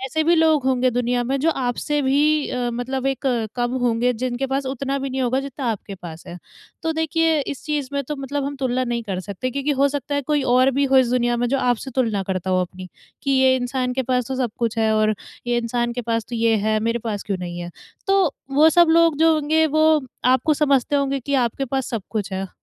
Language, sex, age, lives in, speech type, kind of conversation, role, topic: Hindi, female, 20-24, India, conversation, advice, advisor, मैं दूसरों से तुलना किए बिना अपनी सफलता को कैसे परिभाषित करूँ?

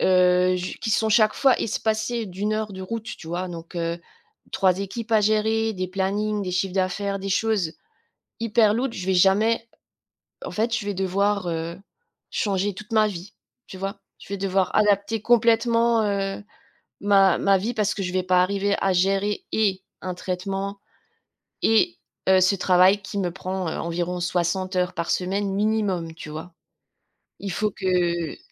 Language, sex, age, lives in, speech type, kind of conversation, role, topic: French, female, 45-49, France, conversation, advice, user, Quel diagnostic de santé vous oblige à prendre des décisions importantes pour la suite ?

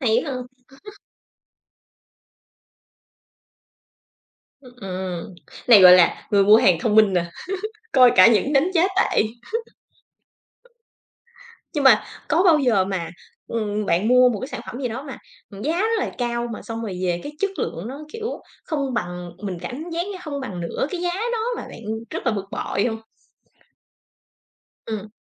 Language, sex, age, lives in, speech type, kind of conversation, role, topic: Vietnamese, female, 35-39, Vietnam, conversation, podcast, host, Thói quen mua sắm trực tuyến của bạn như thế nào?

